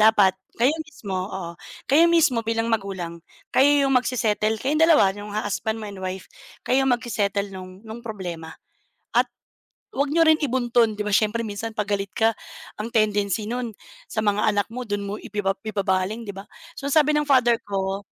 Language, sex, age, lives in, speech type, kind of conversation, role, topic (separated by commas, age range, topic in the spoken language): Filipino, female, 35-39, Philippines, conversation, unstructured, none, Ano ang pinakamahalagang aral na natutunan mo mula sa iyong pamilya?